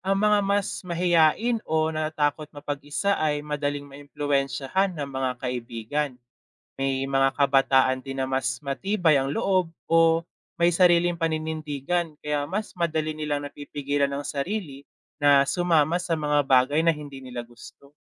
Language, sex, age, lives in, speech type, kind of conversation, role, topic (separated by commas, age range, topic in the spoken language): Filipino, male, 20-24, Philippines, read, expert-assistant, expert, Paano nakakaapekto ang peer pressure sa mga desisyon ng kabataan?